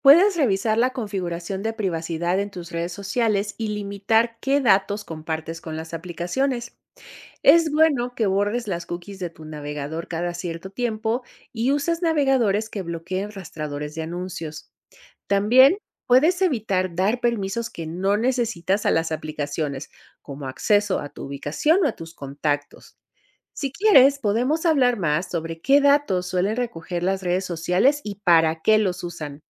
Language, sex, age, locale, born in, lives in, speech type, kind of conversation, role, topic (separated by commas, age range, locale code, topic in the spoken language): Spanish, female, 50-54, es-MX, Mexico, Mexico, read, expert-assistant, expert, ¿Qué impacto tiene la publicidad en los hábitos de consumo de las personas?